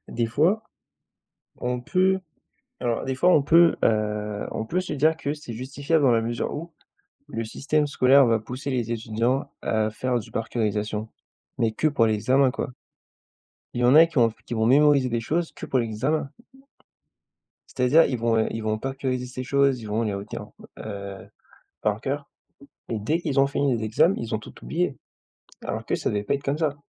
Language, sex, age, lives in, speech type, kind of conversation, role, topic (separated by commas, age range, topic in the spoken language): French, male, 20-24, France, conversation, unstructured, none, Est-il acceptable de tricher pour réussir un examen ?